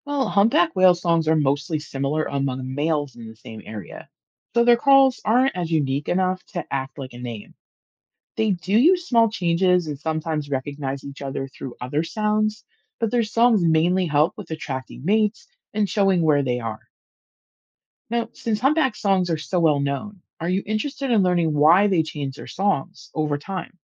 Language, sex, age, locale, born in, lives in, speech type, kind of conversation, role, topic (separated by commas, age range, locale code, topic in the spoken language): English, female, 40-44, en-US, United States, United States, read, expert-assistant, expert, How do whales communicate underwater?